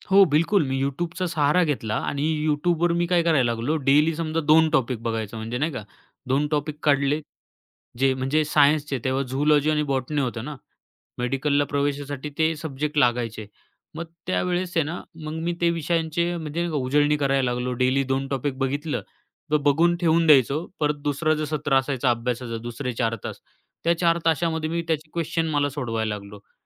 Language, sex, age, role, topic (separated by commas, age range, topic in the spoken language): Marathi, male, 25-29, guest, शिकत असताना जेव्हा प्रगती दिसत नाही, तेव्हा तुम्ही काय करता?